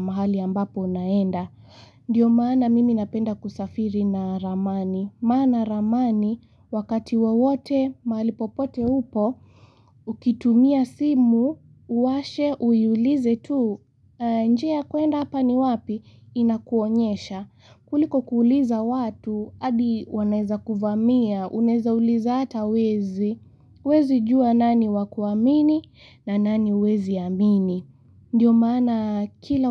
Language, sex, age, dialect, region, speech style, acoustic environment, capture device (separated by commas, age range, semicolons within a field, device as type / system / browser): Swahili, female, 18-24, Kenya - Mombasa, Kenya, relaxed, nature, mobile / Linux / Chrome